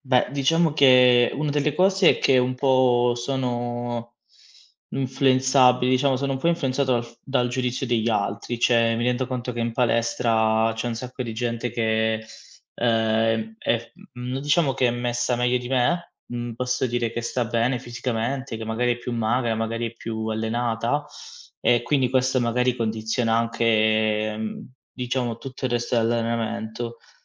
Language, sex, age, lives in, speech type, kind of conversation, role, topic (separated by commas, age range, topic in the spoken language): Italian, male, 25-29, Italy, conversation, advice, user, Come posso mantenere la motivazione per l’allenamento nel tempo?